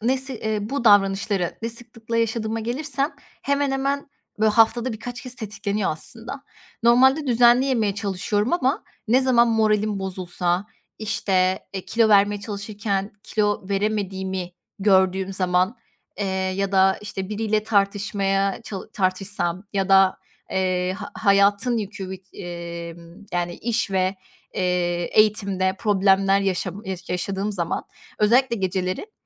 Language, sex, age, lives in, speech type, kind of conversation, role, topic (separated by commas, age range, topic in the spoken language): Turkish, female, 25-29, Poland, conversation, advice, user, Sağlıksız bir başa çıkma yöntemi olarak aşırı yeme veya alkol kullanımı yaşadığınızı düşünüyor musunuz?